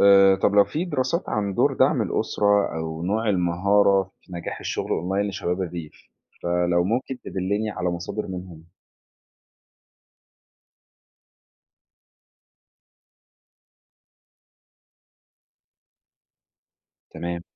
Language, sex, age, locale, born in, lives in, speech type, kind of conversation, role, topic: Arabic, male, 20-24, ar-EG, Egypt, Egypt, read, expert-assistant, asker, إزاي أقدر ألاقي مصادر محترمة بتتكلم عن ليه الناس بتسيب الريف وتروح تعيش في المدينة في مصر؟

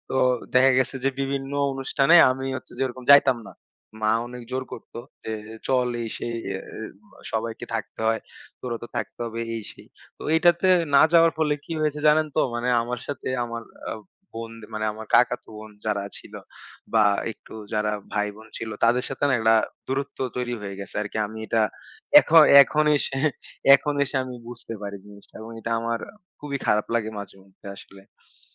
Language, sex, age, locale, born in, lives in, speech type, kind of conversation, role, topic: Bengali, male, 25-29, bn-BD, Bangladesh, Bangladesh, conversation, podcast, guest, আপনি কীভাবে ‘না’ বলতে স্বাচ্ছন্দ্য বোধ করেন?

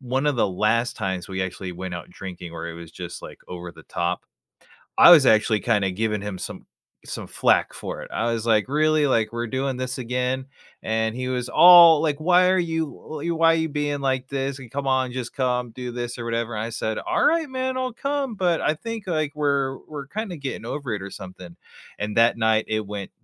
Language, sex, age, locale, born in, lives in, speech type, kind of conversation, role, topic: English, male, 30-34, en-US, United States, United States, conversation, unstructured, none, How can I plan a trip that balances our different interests?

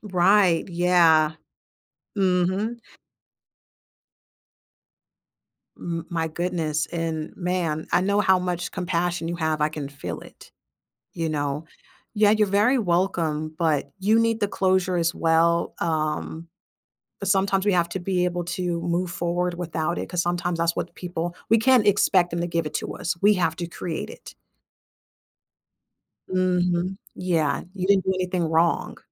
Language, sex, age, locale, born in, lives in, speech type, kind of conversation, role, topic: English, female, 30-34, en-US, United States, United States, conversation, advice, advisor, How can I talk to a close friend about repeated broken promises without losing the friendship?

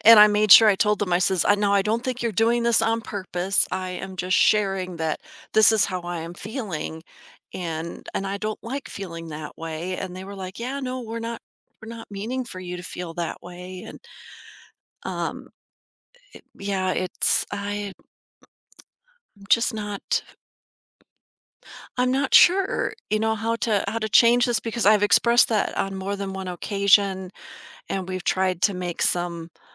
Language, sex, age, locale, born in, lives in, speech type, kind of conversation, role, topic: English, female, 50-54, en-US, United States, United States, conversation, advice, user, How can I address feeling ignored by my close friend?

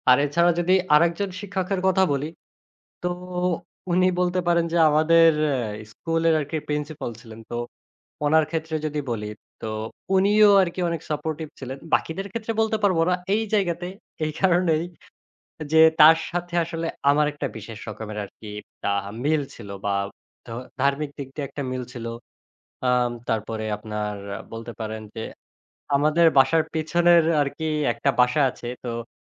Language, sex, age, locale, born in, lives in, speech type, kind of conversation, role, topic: Bengali, male, 25-29, bn-BD, Bangladesh, Bangladesh, conversation, podcast, guest, আপনার আজও কোন শিক্ষককে সবচেয়ে বেশি মনে পড়ে, আর কেন?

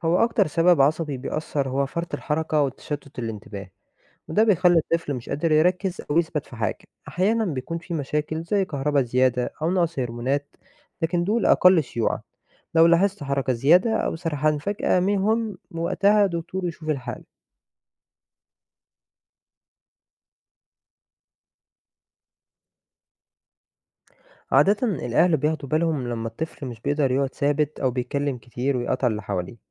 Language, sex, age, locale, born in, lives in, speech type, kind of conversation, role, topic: Arabic, male, 20-24, ar-EG, Egypt, Egypt, read, expert-assistant, expert, ليه بعض الناس بتواجه مشكلة في التركيز أو بتنسى حاجات كتير بسرعة؟ إيه الأسباب العصبية اللي ممكن تكون ورا الموضوع ده؟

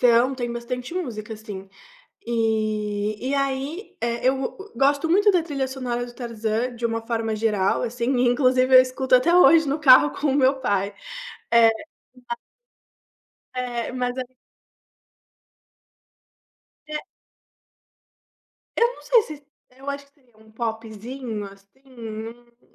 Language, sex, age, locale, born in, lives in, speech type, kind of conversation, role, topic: Portuguese, female, 25-29, pt-BR, Brazil, Italy, conversation, podcast, guest, Qual foi a primeira música que marcou a sua infância?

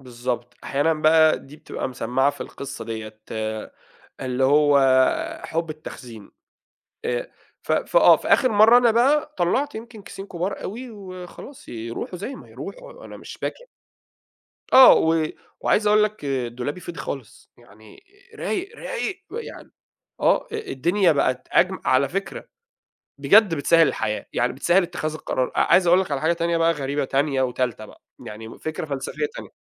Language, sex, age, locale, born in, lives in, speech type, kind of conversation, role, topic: Arabic, male, 30-34, ar-EG, Saudi Arabia, Egypt, conversation, podcast, guest, إزاي أنصح حد يبسّط دولابه من غير ما يزهق؟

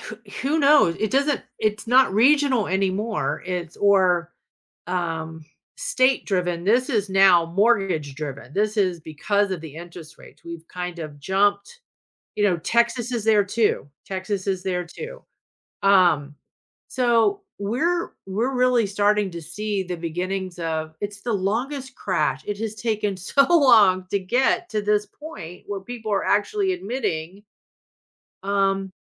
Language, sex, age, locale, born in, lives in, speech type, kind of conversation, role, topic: English, female, 55-59, en-US, United States, United States, conversation, advice, user, How can I safely transition to a new career I'm passionate about without risking my financial stability?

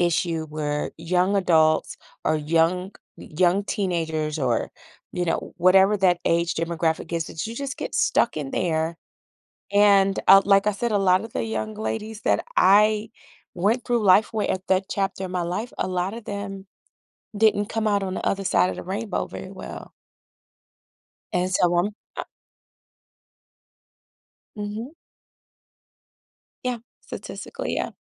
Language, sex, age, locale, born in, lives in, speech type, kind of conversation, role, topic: English, female, 40-44, en-US, United States, United States, conversation, podcast, guest, How do happy childhood memories continue to influence us as adults?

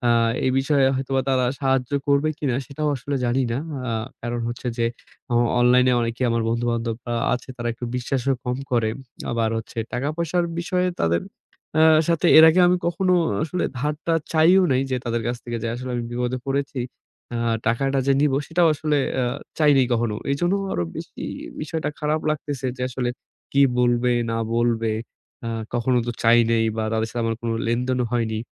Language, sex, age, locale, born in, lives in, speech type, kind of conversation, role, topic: Bengali, male, 25-29, bn-BD, Bangladesh, Bangladesh, conversation, advice, user, পরিবার বা বন্ধুকে টাকা ধার দিতে চাপ অনুভব করলে কীভাবে সামলাবেন?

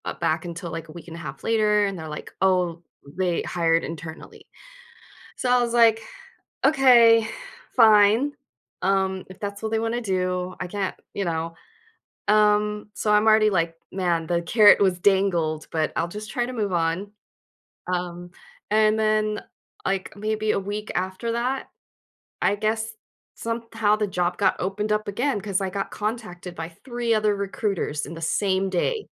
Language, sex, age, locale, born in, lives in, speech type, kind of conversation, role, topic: English, female, 40-44, en-US, United States, United States, conversation, advice, user, How can I rebuild motivation after a rejection?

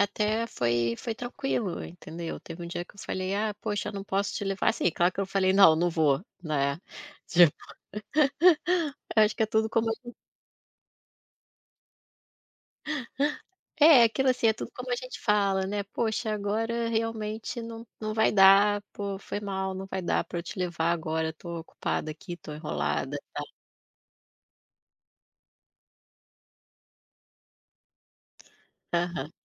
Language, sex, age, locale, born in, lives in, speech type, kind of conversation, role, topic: Portuguese, female, 40-44, pt-BR, Brazil, Portugal, conversation, podcast, guest, Como você decide quando é hora de dizer não?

